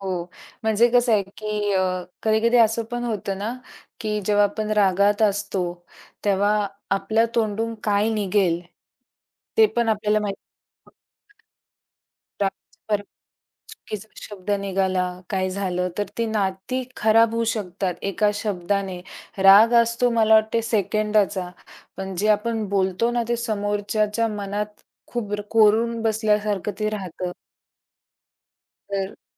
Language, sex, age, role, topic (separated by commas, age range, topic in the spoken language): Marathi, female, 45-49, guest, तुमच्या मते कुटुंबातील नाती घट्ट ठेवण्यासाठी आपण काय करू शकतो?